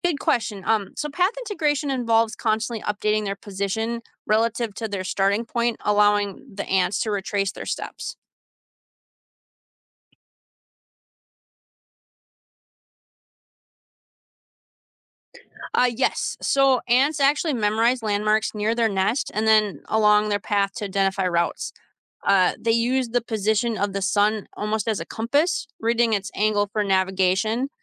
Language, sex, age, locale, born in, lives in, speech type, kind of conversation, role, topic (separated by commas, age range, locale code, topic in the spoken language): English, female, 35-39, en-US, United States, United States, read, expert-assistant, expert, How do ants find their way back home?